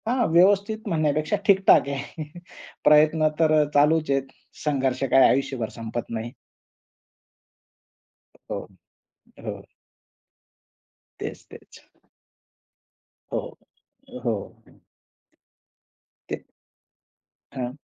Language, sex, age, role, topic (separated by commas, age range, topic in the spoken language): Marathi, male, 20-24, guest, तुमच्या आयुष्यातला सर्वात मोठा बदल कधी झाला?